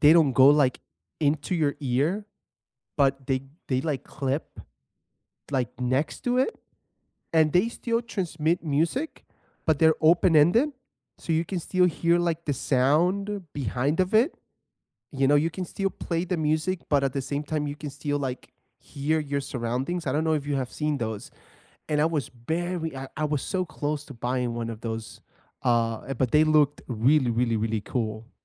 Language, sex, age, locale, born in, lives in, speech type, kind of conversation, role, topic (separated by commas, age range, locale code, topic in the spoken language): English, male, 30-34, en-US, United States, United States, conversation, unstructured, none, How have wearables reshaped your daily health habits, and what stories or tips can we share with each other?